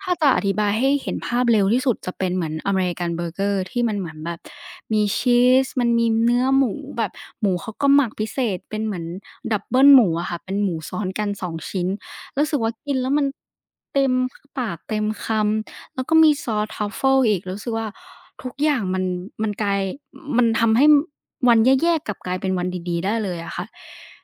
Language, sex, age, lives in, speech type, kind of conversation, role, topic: Thai, female, 20-24, Thailand, conversation, podcast, guest, คุณค้นหาความสุขในการทำงานอย่างไรบ้าง?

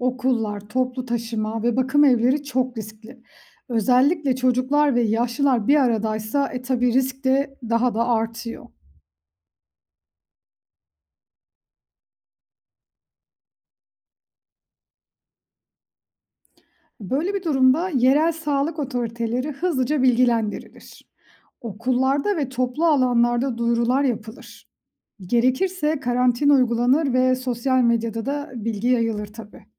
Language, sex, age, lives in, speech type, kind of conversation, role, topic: Turkish, female, 35-39, Austria, read, expert-assistant, expert, Aşı olmak sadece kişisel bir karar mı, yoksa toplumsal bir sorumluluk mu?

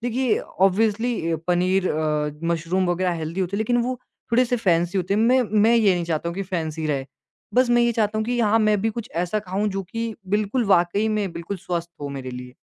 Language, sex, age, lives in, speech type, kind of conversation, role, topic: Hindi, male, 20-24, India, conversation, advice, user, सीमित बजट में स्वस्थ खाना खरीदने में आपको किन दिक्कतों का सामना करना पड़ रहा है?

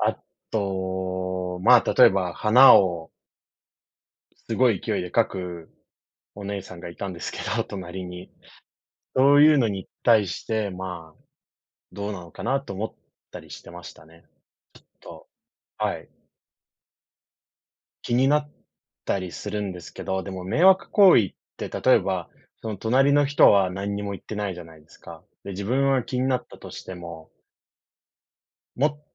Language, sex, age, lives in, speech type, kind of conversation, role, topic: Japanese, male, 30-34, Japan, conversation, unstructured, none, 迷惑行為をしている人には、どのように声をかけますか？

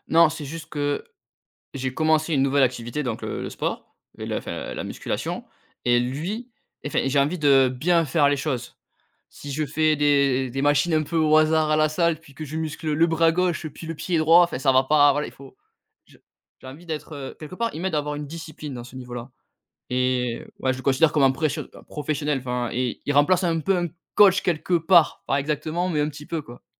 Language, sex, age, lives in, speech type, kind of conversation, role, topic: French, male, 30-34, France, conversation, podcast, guest, Comment un influenceur gagne-t-il la confiance de son public ?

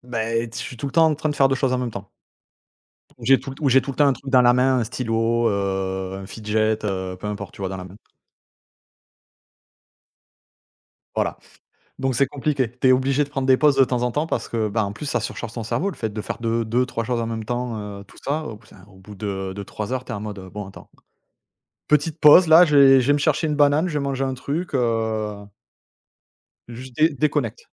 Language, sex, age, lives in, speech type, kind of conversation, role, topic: French, male, 35-39, France, conversation, unstructured, none, Comment organises-tu ta journée pour rester productif ?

